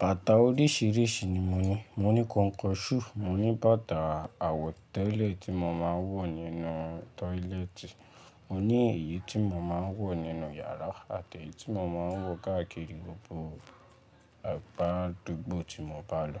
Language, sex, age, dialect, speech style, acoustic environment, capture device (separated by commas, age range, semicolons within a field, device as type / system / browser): Yoruba, male, 18-24, Nigeria - Lagos Yoruba, relaxed, nature, mobile / Linux / Chrome